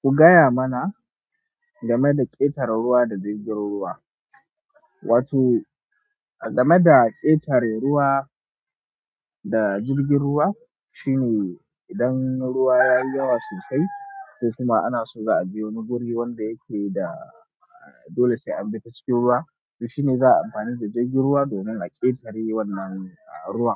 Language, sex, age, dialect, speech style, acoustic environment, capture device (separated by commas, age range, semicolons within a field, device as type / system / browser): Hausa, male, 18-24, Nigeria - Katsina, happy, people talking, mobile / Android / Chrome